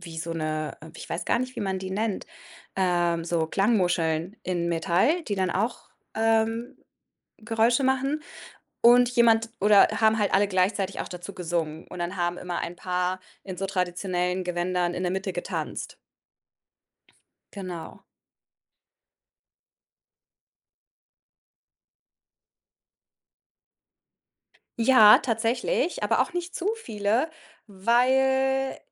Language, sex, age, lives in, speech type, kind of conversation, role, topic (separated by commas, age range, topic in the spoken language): German, female, 30-34, Germany, conversation, podcast, guest, Welchen unvergesslichen lokalen Markt hast du besucht?